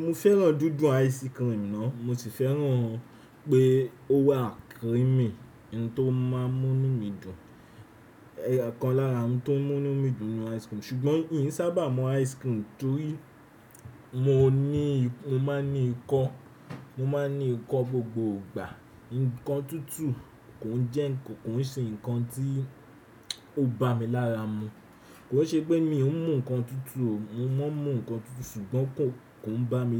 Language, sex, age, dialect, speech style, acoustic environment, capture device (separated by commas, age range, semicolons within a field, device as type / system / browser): Yoruba, male, 18-24, Nigeria - Nigerian Standard, relaxed; anxious, nature, desktop / Windows / Chrome